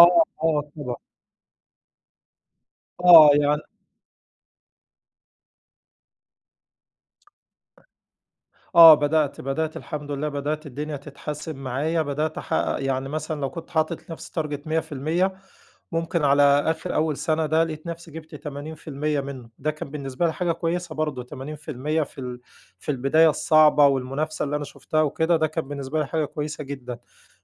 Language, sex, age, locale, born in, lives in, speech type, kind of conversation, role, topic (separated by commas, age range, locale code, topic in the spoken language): Arabic, male, 40-44, ar-EG, Egypt, Egypt, conversation, podcast, host, إيه أول قرار غيّر مجرى حياتك؟